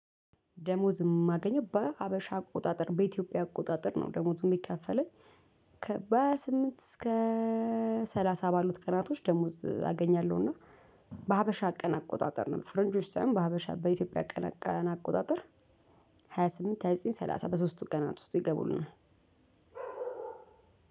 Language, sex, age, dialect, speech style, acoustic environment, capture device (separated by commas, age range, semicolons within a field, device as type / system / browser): Amharic, female, 35-44, Ethiopia - Addis Ababa, happy, people talking; music; construction, mobile / Linux / Chrome